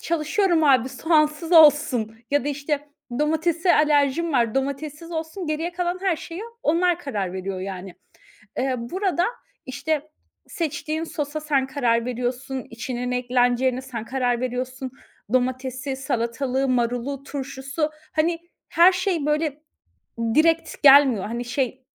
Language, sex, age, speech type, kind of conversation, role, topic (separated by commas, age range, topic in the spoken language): Turkish, female, 30-34, conversation, podcast, guest, Kültürel mirasın değerini derinden hissettiğin bir yeri anlatır mısın?